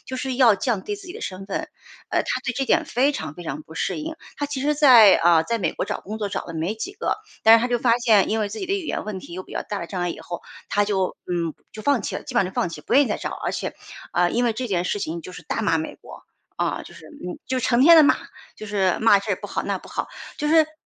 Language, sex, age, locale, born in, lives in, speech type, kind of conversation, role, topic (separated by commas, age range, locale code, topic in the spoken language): Chinese, female, 45-49, zh-CN, China, United States, conversation, advice, user, 当你选择不同的生活方式却被家人朋友不理解或责备时，你该如何应对？